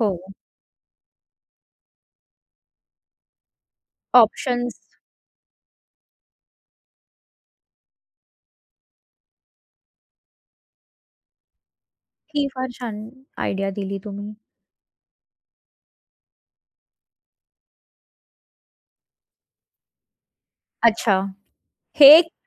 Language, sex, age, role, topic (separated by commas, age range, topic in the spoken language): Marathi, female, 30-34, host, अचानक आलेल्या बदलांशी तुम्ही कसे जुळवून घेता?